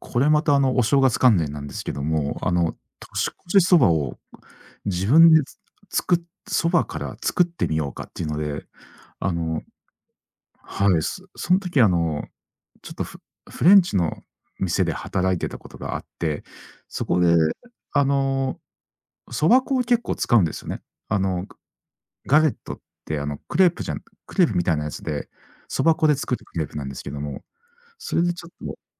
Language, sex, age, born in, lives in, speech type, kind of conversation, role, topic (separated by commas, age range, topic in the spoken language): Japanese, male, 40-44, Japan, Japan, conversation, podcast, guest, 祭りや行事のとき、どんな料理を作りますか？